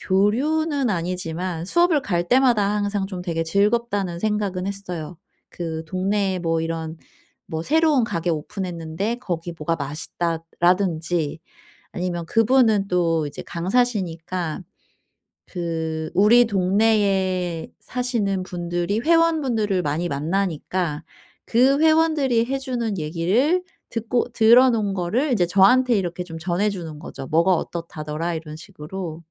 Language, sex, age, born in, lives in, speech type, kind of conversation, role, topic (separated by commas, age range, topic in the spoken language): Korean, female, 40-44, South Korea, South Korea, conversation, advice, user, 낯선 곳에 가면 어떻게 잘 적응하고 원활하게 소통할 수 있나요?